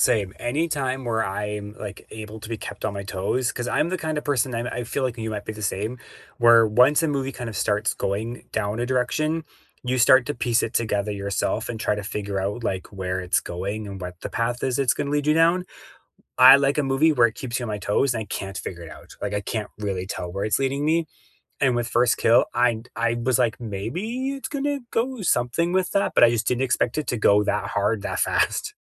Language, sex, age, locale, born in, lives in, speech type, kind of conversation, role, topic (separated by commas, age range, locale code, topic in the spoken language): English, male, 35-39, en-CA, Canada, Canada, conversation, unstructured, none, What is the most underrated movie you would recommend to everyone?